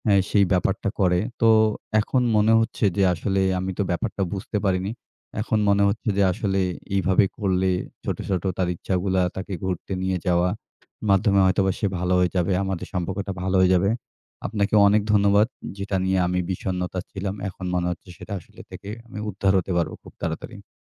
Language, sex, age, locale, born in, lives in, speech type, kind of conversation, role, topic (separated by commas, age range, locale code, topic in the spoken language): Bengali, male, 25-29, bn-BD, Bangladesh, Bangladesh, conversation, advice, user, সঙ্গীর বারবার সমালোচনায় আপনার আত্মবিশ্বাস কীভাবে কমে গেছে?